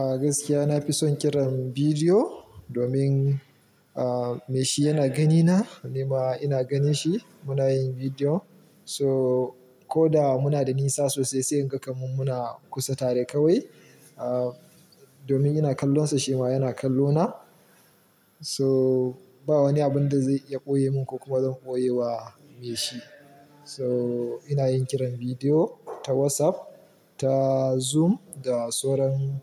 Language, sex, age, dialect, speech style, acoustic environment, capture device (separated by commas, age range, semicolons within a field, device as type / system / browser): Hausa, male, 25-34, Nigeria - Kano, happy, silence, mobile / Linux / Chrome